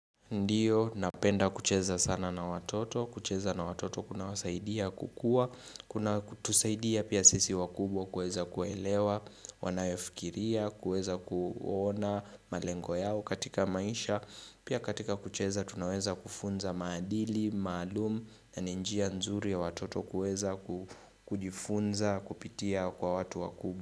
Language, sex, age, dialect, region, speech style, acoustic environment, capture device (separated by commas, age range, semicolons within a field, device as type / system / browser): Swahili, male, 25-34, Kenya - Nairobi Sheng-influenced, Kenya, relaxed; focused, nature; silence, mobile / Linux / Chrome